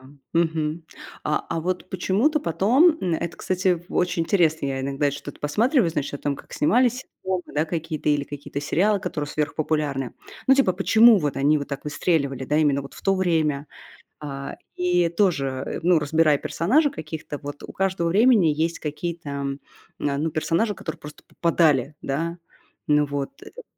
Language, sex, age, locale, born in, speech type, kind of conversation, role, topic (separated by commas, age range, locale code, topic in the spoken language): Russian, female, 35-39, ru-RU, Russia, conversation, podcast, guest, Что ты берёшь из вымышленных историй в реальную жизнь?